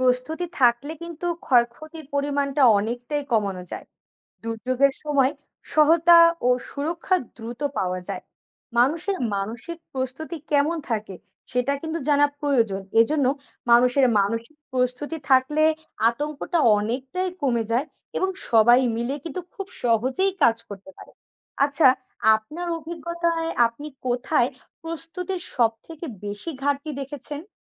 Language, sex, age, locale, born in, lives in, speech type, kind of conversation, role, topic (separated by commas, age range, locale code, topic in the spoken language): Bengali, female, 20-24, bn-BD, Bangladesh, Bangladesh, read, expert-assistant, expert, দুর্যোগ মোকাবেলায় প্রস্তুতির গুরুত্ব কী